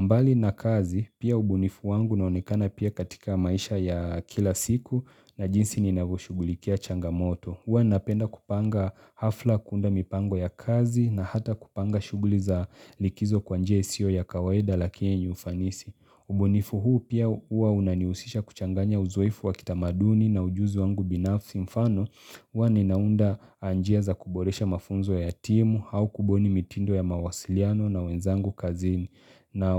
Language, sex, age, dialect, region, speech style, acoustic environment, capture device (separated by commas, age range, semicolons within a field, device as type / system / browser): Swahili, male, 25-34, Kenya - Mombasa, Kenya, focused, nature, mobile / Linux / Chrome